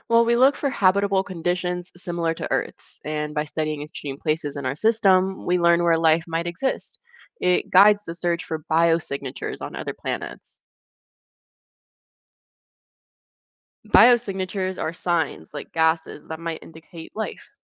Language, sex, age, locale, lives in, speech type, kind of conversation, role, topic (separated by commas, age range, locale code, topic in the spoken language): English, female, 20-24, en-US, United States, read, expert-assistant, expert, What is the significance of the solar system in our understanding of the universe?